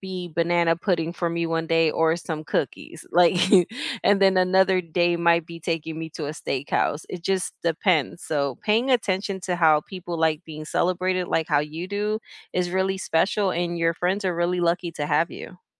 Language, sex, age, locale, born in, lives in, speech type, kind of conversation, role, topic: English, female, 40-44, en-US, United States, United States, conversation, unstructured, none, How do you celebrate each other’s wins, big and small?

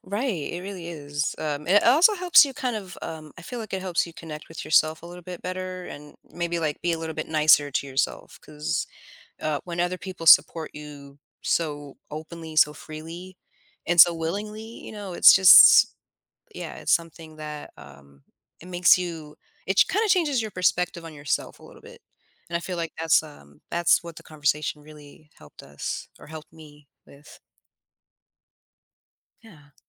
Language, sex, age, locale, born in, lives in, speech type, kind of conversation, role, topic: English, female, 30-34, en-US, United States, United States, conversation, advice, user, How can I keep feeling connected after meaningful conversations?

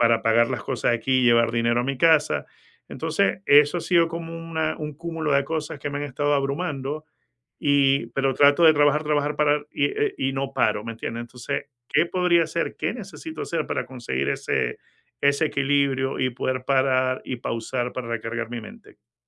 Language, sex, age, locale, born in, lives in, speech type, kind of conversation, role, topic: Spanish, male, 50-54, es-VE, Venezuela, United States, conversation, advice, user, ¿Cómo puedo aprovechar una pausa para recargar la mente?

